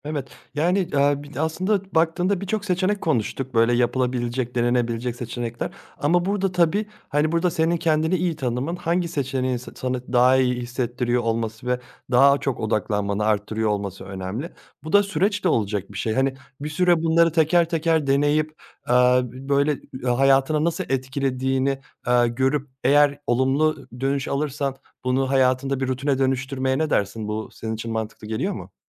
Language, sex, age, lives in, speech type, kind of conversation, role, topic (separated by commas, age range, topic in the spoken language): Turkish, male, 30-34, Germany, conversation, advice, advisor, Sürekli telefon bildirimleriyle bölünüyorum, odaklanamıyorum